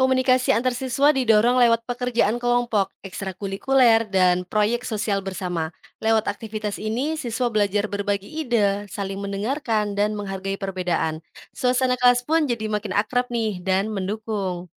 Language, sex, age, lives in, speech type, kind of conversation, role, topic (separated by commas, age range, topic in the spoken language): Indonesian, female, 25-29, Indonesia, read, expert-assistant, expert, Apa saja keuntungan dan risiko dari penerapan kebijakan zonasi sekolah dalam sistem pendidikan Indonesia?